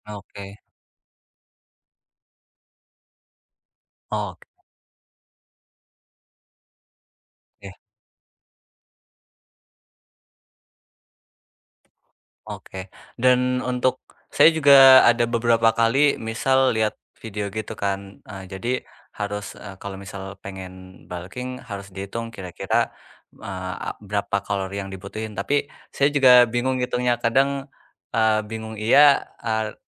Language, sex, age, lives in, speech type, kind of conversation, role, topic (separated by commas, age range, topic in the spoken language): Indonesian, male, 20-24, Indonesia, conversation, advice, user, Bagaimana saya bisa memperbaiki nutrisi dan pemulihan agar progres latihan tetap berkelanjutan?